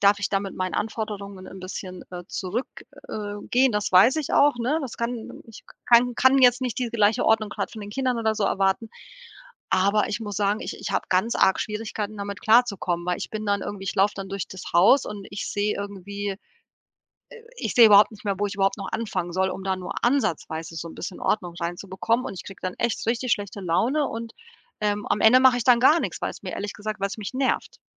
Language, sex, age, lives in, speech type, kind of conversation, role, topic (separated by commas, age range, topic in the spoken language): German, female, 40-44, Portugal, conversation, advice, user, Inwiefern stresst dich das Chaos in deiner Wohnung und raubt dir Energie im Alltag?